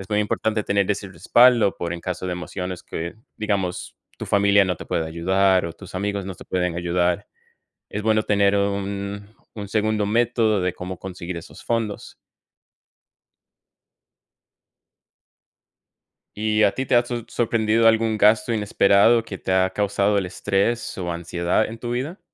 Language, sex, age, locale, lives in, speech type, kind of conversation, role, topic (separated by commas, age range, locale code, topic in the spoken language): Spanish, male, 30-34, es-CO, United States, conversation, unstructured, none, ¿Cómo te preparas para enfrentar gastos inesperados?